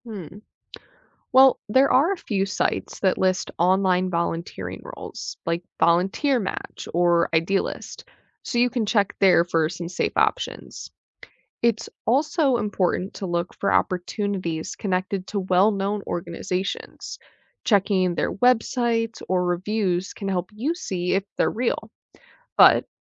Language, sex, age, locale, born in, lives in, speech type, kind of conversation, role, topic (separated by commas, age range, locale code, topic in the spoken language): English, female, 20-24, en-US, United States, United States, read, expert-assistant, expert, How does volunteering benefit communities and volunteers?